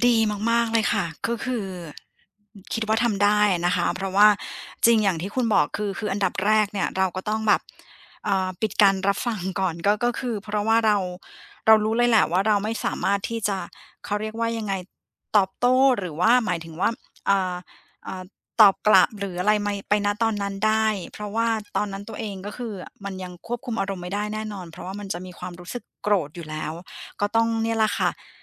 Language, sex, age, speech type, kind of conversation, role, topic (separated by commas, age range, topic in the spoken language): Thai, female, 40-44, conversation, advice, user, ฉันควบคุมอารมณ์อย่างไรเมื่อได้รับคำวิจารณ์ที่ทำให้โกรธหรือเสียใจ?